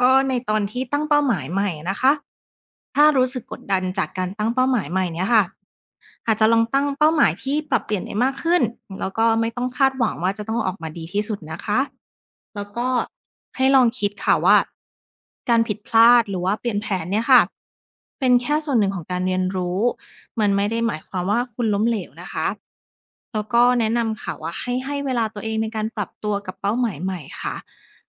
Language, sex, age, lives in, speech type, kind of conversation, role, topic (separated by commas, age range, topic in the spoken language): Thai, female, 30-34, Thailand, read, expert-assistant, expert, การตั้งเป้าหมายในการเรียนมีผลต่อความสำเร็จในระยะยาวหรือไม่